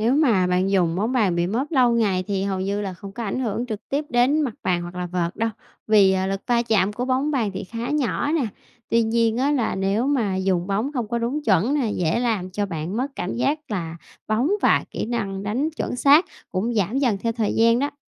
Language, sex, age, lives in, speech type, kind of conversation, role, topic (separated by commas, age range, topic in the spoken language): Vietnamese, female, 30-34, Vietnam, read, expert-assistant, expert, Tại sao khi đánh bóng vào tường, bóng lại nảy trở lại?